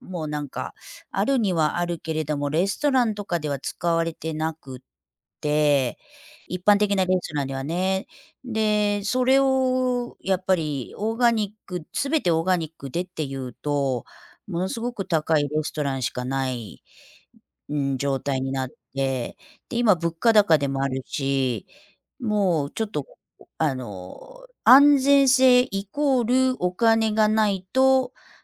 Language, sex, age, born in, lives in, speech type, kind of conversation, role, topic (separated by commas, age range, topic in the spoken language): Japanese, female, 55-59, Japan, United States, conversation, unstructured, none, 外食の安全性について不安に感じることはありますか？